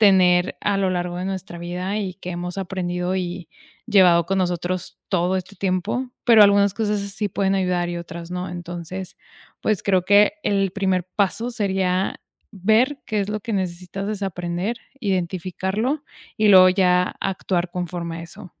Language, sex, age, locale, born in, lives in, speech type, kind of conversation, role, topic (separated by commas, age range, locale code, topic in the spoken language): Spanish, female, 25-29, es-MX, Mexico, Mexico, conversation, podcast, guest, ¿Qué acción simple recomendarías para empezar a soltar una creencia o hábito hoy?